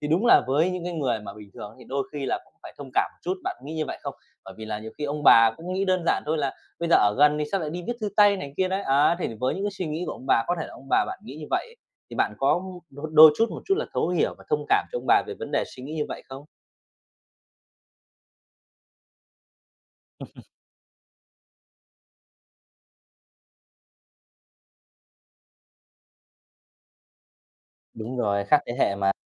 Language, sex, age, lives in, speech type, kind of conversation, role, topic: Vietnamese, male, 30-34, Vietnam, conversation, podcast, host, Làm gì khi ngôn ngữ yêu thương của bạn khác với người lớn tuổi trong gia đình?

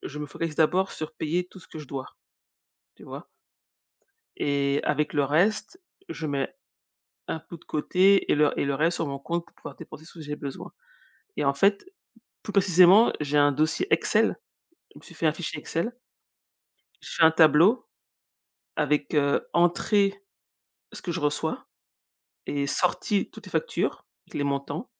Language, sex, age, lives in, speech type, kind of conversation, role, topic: French, female, 40-44, United States, conversation, unstructured, none, Comment économises-tu de l’argent au quotidien ?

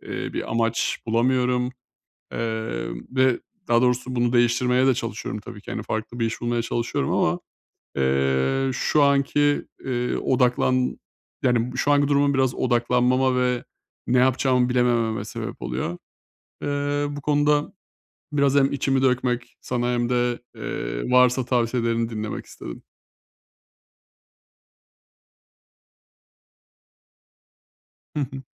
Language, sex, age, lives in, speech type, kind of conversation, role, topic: Turkish, male, 30-34, Bulgaria, conversation, advice, user, Günlük işimde daha fazla anlam ve amaç nasıl bulabilirim?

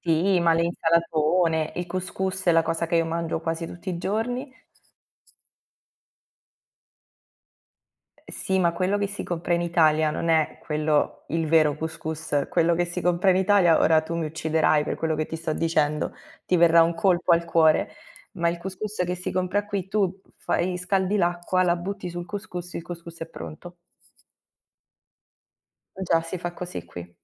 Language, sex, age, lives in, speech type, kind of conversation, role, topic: Italian, female, 25-29, Italy, conversation, unstructured, none, Qual è il ricordo più bello legato al cibo della tua infanzia?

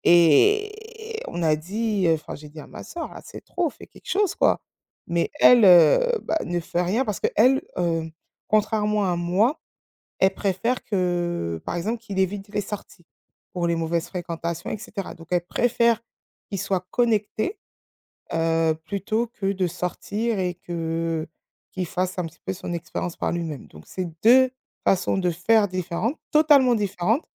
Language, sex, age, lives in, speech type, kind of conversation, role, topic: French, female, 35-39, France, conversation, podcast, guest, Comment abordes-tu la question des écrans avec les enfants ?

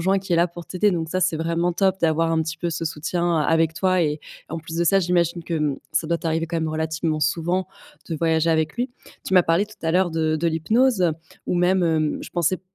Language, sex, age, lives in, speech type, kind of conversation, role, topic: French, female, 25-29, France, conversation, advice, advisor, Comment gérer mon anxiété liée aux déplacements lorsque je voyage ou découvre de nouveaux endroits ?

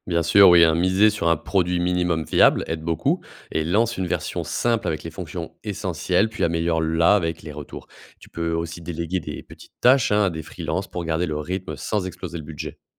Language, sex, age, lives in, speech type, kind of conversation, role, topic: French, male, 30-34, France, read, expert-assistant, expert, Comment faire avancer un projet d’entreprise quand on manque de temps et de moyens ?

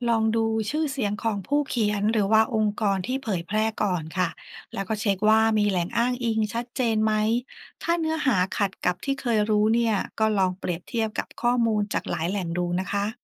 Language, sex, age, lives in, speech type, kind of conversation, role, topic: Thai, female, 40-44, Thailand, read, expert-assistant, expert, เวลาหาข้อมูลเรื่องความเหลื่อมล้ำทางสังคม เราจะรู้ได้ยังไงว่าแหล่งไหนน่าเชื่อถือบ้าง?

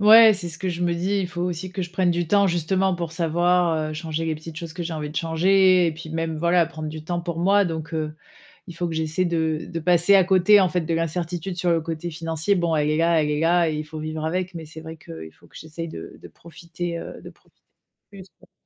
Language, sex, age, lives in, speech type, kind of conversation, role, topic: French, female, 35-39, France, conversation, advice, user, Comment gérer une perte d’emploi soudaine et l’incertitude sur mon avenir financier ?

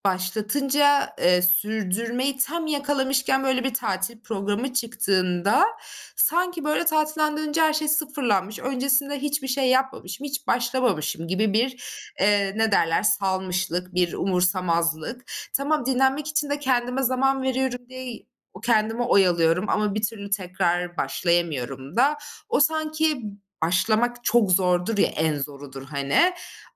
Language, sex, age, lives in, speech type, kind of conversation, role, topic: Turkish, female, 25-29, Germany, conversation, advice, user, Tatil veya seyahatten sonra günlük rutinlerinizi yeniden başlatmakta neden zorlanıyorsunuz?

